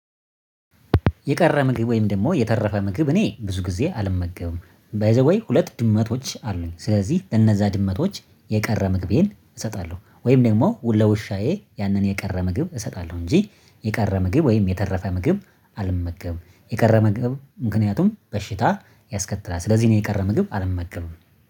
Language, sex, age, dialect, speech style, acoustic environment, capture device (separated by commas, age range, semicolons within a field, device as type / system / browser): Amharic, male, 25-34, Ethiopia - Addis Ababa, happy, silence; nature, mobile / Linux / Chrome